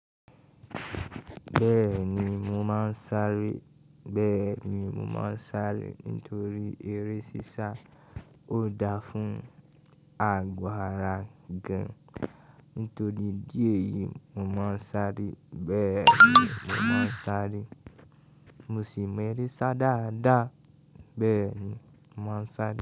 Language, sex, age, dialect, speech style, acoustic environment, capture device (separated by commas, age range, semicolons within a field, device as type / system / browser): Yoruba, male, 18-24, Nigeria - Ibadan, happy, people talking; appliances, mobile / Linux / Chrome